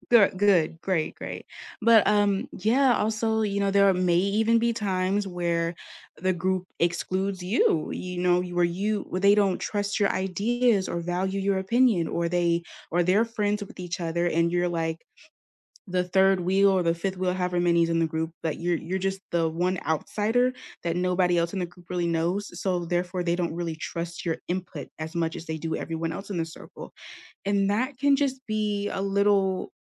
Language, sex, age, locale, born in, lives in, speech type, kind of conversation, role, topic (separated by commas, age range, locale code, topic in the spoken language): English, female, 20-24, en-US, United States, United States, conversation, unstructured, none, What makes a class discussion feel inclusive to you?